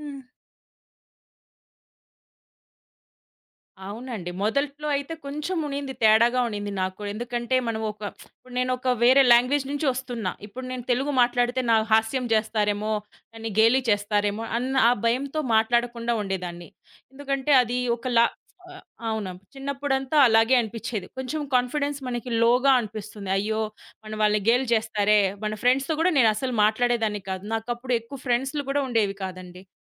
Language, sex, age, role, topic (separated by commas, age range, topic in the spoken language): Telugu, female, 30-34, guest, భాష మారినప్పుడు మీకు ఎలాంటి భావాలు కలిగాయి?